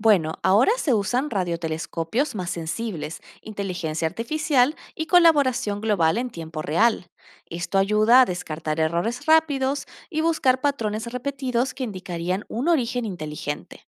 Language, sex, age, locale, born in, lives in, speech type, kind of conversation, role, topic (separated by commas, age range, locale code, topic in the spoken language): Spanish, female, 30-34, es-AR, Argentina, Netherlands, read, expert-assistant, expert, ¿Es cierto que ya hemos encontrado señales de vida en otros planetas o es solo un mito?